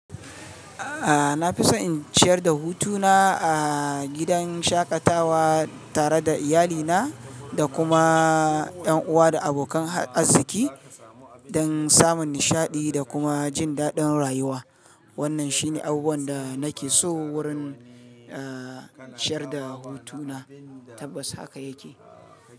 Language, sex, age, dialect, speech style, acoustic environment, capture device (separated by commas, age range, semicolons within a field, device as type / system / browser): Hausa, male, 35-44, Nigeria - Kano, happy; relaxed; excited, silence; nature, mobile / Linux / Chrome